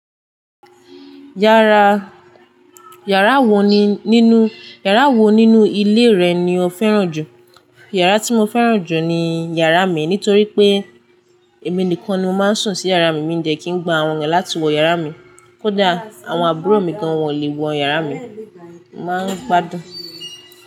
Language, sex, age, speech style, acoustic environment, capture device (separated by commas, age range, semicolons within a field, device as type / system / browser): Yoruba, female, 18-24, happy, silence, desktop / Linux / Chrome